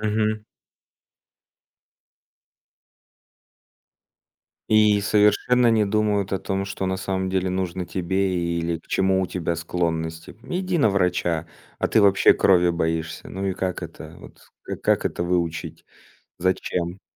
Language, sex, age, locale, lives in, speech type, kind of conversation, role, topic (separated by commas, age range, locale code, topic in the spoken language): Russian, male, 30-34, ru-RU, Estonia, conversation, podcast, host, Как не бросить учёбу через пару недель?